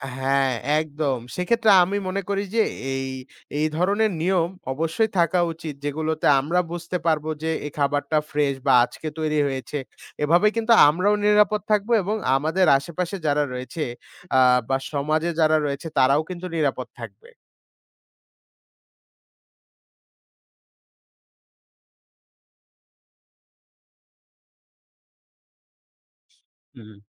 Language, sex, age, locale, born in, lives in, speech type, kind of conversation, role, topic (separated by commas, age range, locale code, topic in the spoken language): Bengali, male, 20-24, bn-BD, Bangladesh, Bangladesh, conversation, unstructured, none, আপনি কি মনে করেন খাবারে নকল উপাদান ব্যবহার করলে দোষীদের বিচার হওয়া উচিত?